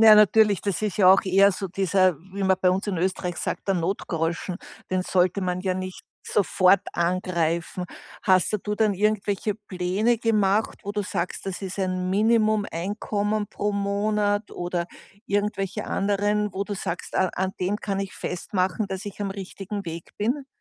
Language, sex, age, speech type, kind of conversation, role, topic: German, female, 60-64, conversation, podcast, host, Welche kleinen Schritte haben dir bei diesem Wechsel geholfen?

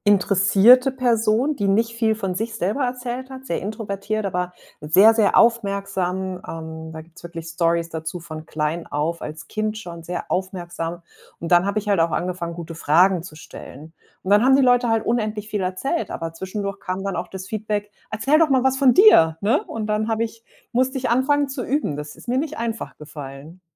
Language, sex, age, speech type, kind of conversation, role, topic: German, female, 40-44, conversation, podcast, guest, Was macht für dich gutes Zuhören aus?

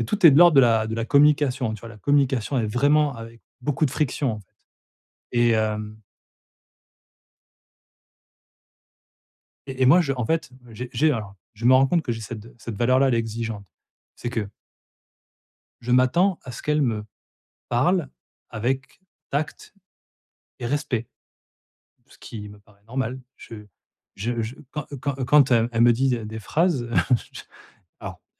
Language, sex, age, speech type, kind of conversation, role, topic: French, male, 40-44, conversation, advice, user, Comment gérer des conflits de valeurs dans notre couple ?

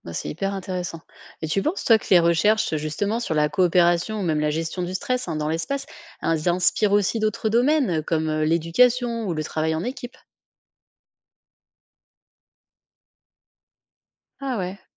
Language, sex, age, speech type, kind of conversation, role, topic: French, female, 35-39, read, expert-assistant, asker, Pourquoi l’exploration de l’espace nous fascine-t-elle autant, et qu’est-ce que cela dit sur notre curiosité humaine ?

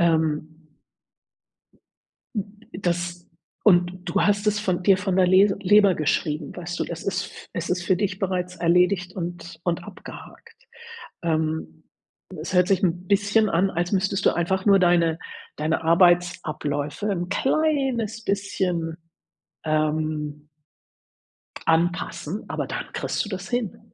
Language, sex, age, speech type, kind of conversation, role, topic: German, female, 60-64, conversation, advice, advisor, Welche Schwierigkeiten hast du, klare Grenzen zwischen Arbeit und Freizeit zu setzen?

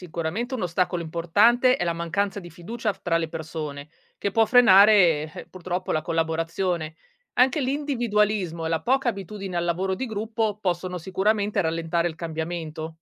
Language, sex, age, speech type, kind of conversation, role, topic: Italian, female, 35-39, read, expert-assistant, expert, Cosa succederebbe se i prodotti stranieri scomparissero dai nostri negozi domani?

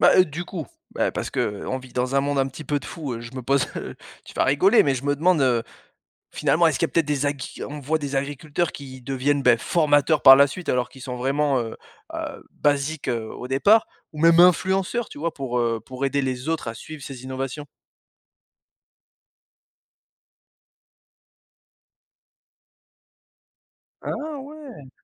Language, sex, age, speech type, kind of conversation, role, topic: French, male, 35-39, read, expert-assistant, asker, Entre les robots agricoles, les applications météo et les capteurs connectés, qu’est-ce qui changerait le plus la vie des agriculteurs selon toi ?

